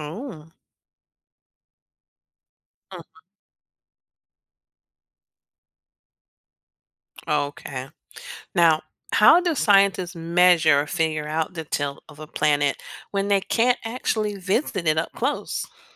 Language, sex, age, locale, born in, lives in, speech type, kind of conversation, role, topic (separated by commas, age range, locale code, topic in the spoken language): English, female, 50-54, en-US, United States, United States, read, expert-assistant, asker, What causes seasons to change throughout the year?